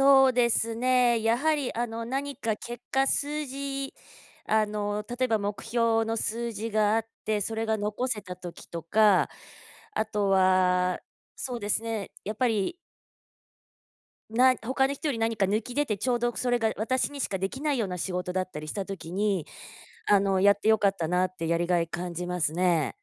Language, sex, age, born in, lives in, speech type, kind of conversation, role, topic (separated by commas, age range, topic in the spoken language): Japanese, female, 45-49, Japan, United States, conversation, unstructured, none, 仕事で最もやりがいを感じた瞬間はいつですか？